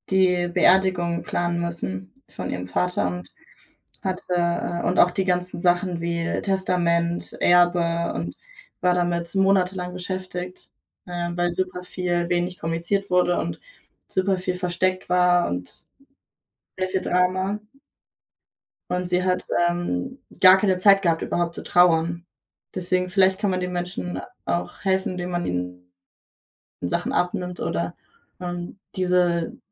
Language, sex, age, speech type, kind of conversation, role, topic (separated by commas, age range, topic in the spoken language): German, female, 20-24, conversation, unstructured, none, Wie kannst du anderen Menschen in ihrer Trauer beistehen?